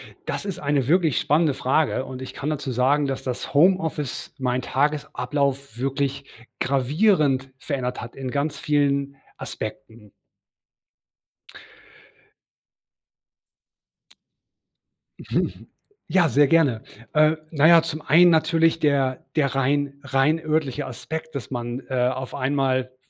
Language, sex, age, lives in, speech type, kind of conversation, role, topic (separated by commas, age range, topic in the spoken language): German, male, 40-44, Germany, conversation, podcast, guest, Wie hat das Homeoffice deinen Tagesablauf verändert?